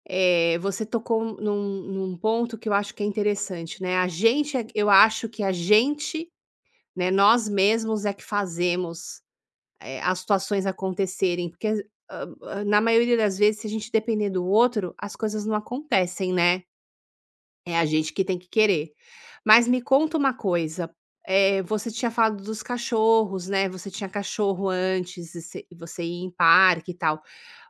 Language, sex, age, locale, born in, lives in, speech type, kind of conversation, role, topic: Portuguese, female, 50-54, pt-BR, Brazil, United States, conversation, advice, advisor, Como posso fazer amizades no meu novo bairro?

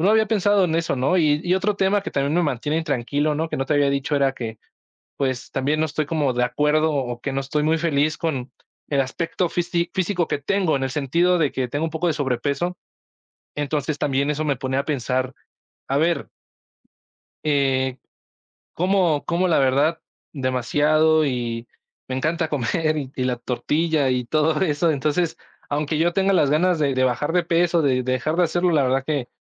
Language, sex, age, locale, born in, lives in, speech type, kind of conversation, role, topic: Spanish, male, 20-24, es-MX, Mexico, Mexico, conversation, advice, user, ¿Qué pensamientos acelerados te mantienen despierto cuando te acuestas?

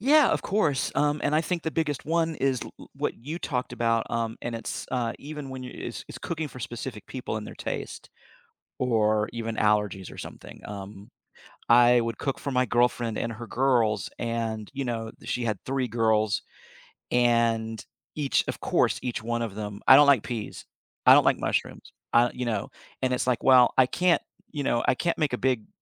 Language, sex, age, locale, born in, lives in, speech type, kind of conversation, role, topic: English, male, 55-59, en-US, United States, United States, conversation, podcast, guest, How do you like to express yourself creatively?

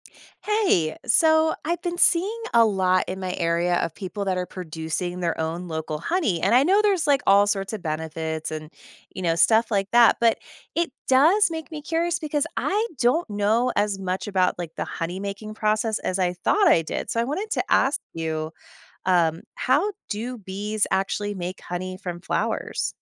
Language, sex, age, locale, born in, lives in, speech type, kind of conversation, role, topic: English, female, 35-39, en-US, United States, United States, read, expert-assistant, asker, How do bees make honey from flowers?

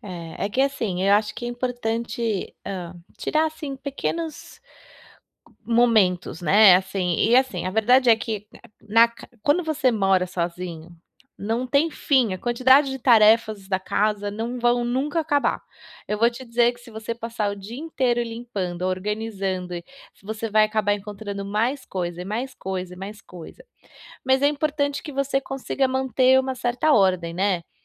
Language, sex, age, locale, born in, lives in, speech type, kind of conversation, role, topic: Portuguese, female, 35-39, pt-BR, Brazil, United States, conversation, advice, advisor, Como posso criar rotinas fáceis para limpar e manter o meu espaço?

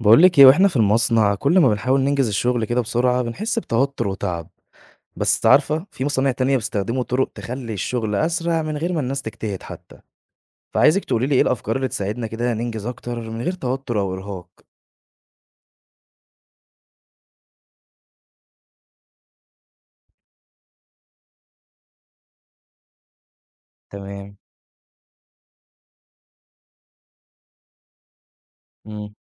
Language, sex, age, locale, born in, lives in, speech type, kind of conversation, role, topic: Arabic, male, 20-24, ar-EG, Egypt, Egypt, read, expert-assistant, asker, إزاي أقدر أخلّي الشغل يمشي أسرع من غير ما الناس تتعب أو يحصل مشاكل؟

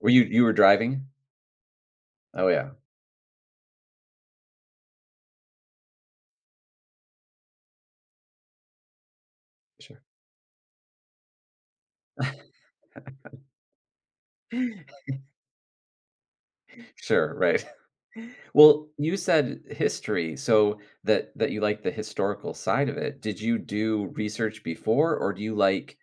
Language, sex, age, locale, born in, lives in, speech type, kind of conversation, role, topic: English, male, 45-49, en-US, United States, United States, conversation, podcast, host, How does exploring new places enrich your life and perspective?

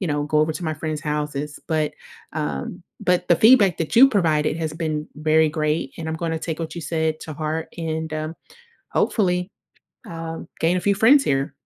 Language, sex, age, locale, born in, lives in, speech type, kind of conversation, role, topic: English, female, 30-34, en-US, United States, United States, conversation, advice, user, How can I reconnect with friends and family?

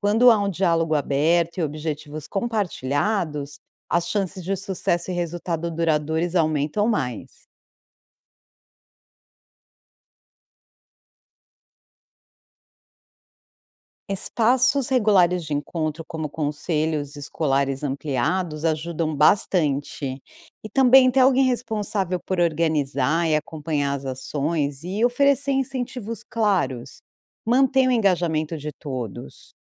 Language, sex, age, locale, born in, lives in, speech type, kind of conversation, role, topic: Portuguese, female, 45-49, pt-BR, Brazil, United States, read, expert-assistant, expert, Você conhece algum canal ou site onde eu possa entender melhor por que alguns países melhoram de vida mais rápido que outros?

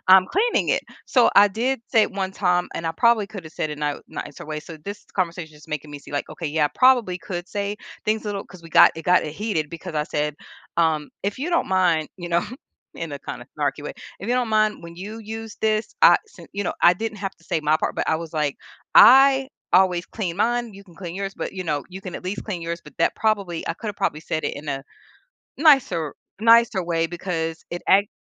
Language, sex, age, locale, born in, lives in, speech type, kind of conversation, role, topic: English, female, 45-49, en-US, United States, United States, conversation, advice, user, How can I communicate more effectively with my partner and reduce misunderstandings?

